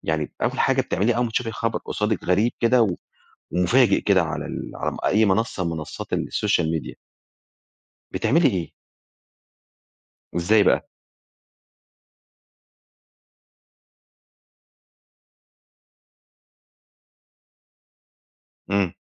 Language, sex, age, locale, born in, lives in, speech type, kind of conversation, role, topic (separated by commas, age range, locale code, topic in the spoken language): Arabic, male, 45-49, ar-EG, Egypt, Egypt, conversation, podcast, host, إزاي بتتعامل مع الأخبار الكاذبة أونلاين؟